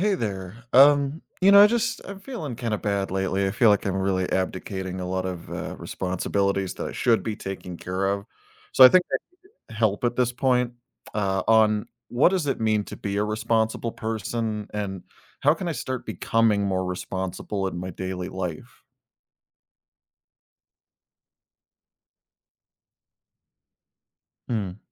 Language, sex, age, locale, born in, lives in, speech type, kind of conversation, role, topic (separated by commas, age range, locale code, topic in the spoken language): English, male, 35-39, en-US, United States, United States, read, expert-assistant, asker, What steps can you take to become a more responsible person?